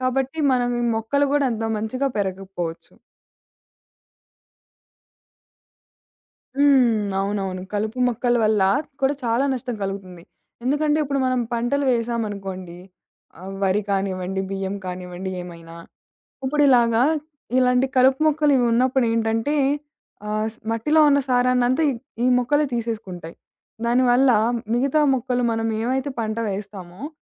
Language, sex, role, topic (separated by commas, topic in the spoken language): Telugu, female, guest, మట్టిని రక్షించేందుకు రైతులు ఏ మార్పులు చేయాలి అని మీరు భావిస్తున్నారు?